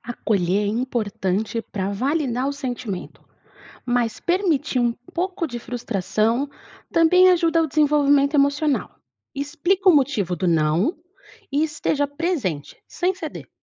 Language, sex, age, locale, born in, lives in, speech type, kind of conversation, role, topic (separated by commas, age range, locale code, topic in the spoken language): Portuguese, female, 30-34, pt-BR, Brazil, Portugal, read, expert-assistant, expert, Quando se fala em ajudar uma criança a crescer bem, o que deveria vir primeiro: ensinar regras, dar carinho ou estimular a curiosidade?